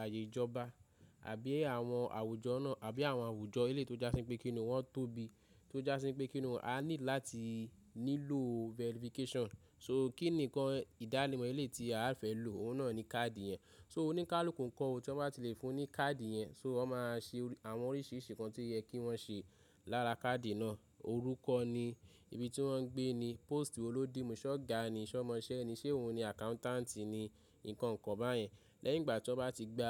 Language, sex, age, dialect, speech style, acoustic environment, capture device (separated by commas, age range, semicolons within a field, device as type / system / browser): Yoruba, male, 25-34, Nigeria - Ibadan, nervous, nature, mobile / Linux / Chrome